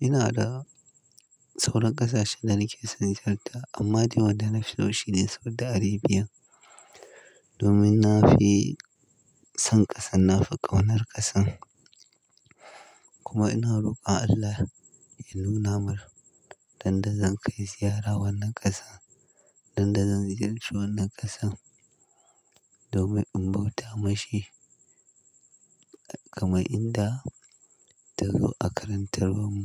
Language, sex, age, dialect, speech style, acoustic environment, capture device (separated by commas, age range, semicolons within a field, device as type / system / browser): Hausa, male, 25-34, Nigeria - Kano, happy, silence, mobile / Linux / Chrome